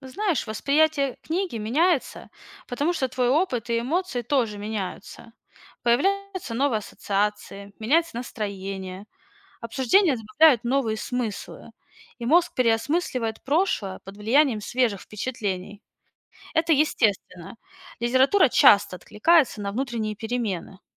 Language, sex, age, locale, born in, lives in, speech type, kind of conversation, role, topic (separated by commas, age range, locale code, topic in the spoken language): Russian, female, 30-34, ru-RU, Russia, United States, read, expert-assistant, expert, Как меняется впечатление от книги после знакомства с разными мнениями о ней?